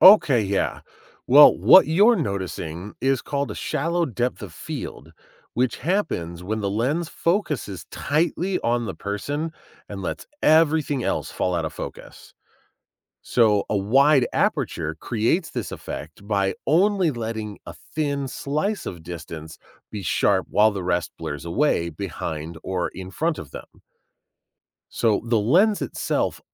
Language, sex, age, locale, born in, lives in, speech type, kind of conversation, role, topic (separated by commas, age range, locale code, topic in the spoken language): English, male, 40-44, en-US, United States, United States, read, expert-assistant, expert, How does a camera control focus, blur, and exposure to capture images?